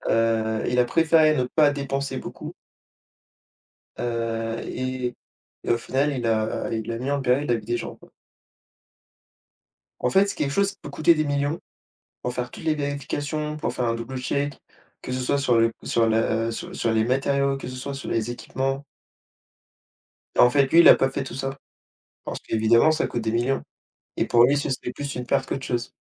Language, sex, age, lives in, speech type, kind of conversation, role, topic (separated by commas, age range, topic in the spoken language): French, male, 20-24, France, conversation, unstructured, none, Préféreriez-vous explorer les profondeurs de l’océan ou voyager dans l’espace ?